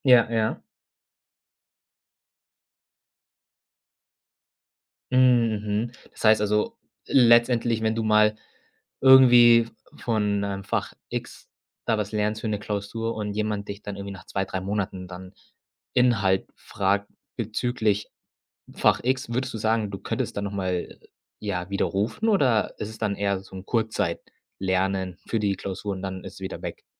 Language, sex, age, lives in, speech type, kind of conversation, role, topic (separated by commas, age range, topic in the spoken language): German, male, 25-29, Germany, conversation, podcast, host, Wie motivierst du dich fürs Lernen, wenn es schwierig wird?